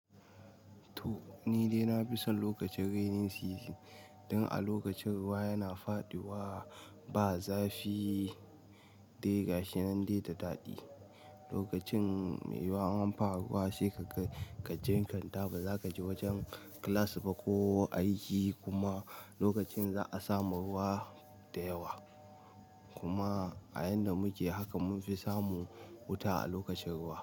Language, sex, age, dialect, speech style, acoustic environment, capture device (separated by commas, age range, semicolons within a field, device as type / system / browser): Hausa, male, 18-24, Nigeria - Nigerian Standard, relaxed; anxious; focused, appliances; nature, mobile / Linux / Chrome